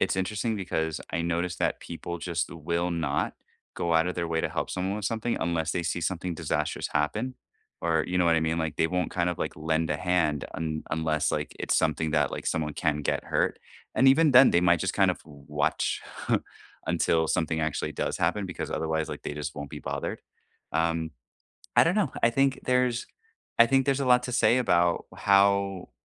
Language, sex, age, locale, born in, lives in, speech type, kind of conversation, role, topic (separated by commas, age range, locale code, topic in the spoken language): English, male, 30-34, en-US, United States, United States, conversation, unstructured, none, What’s a small act of kindness you’ll never forget, and what made it meaningful to you?